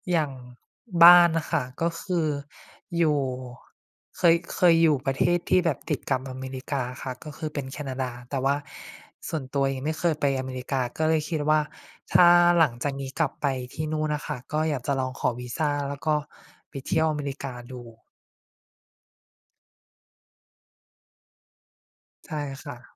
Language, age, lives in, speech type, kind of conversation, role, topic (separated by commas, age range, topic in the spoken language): Thai, 25-29, Thailand, conversation, unstructured, none, สถานที่ในฝันที่คุณอยากไปพักผ่อนคือที่ไหน?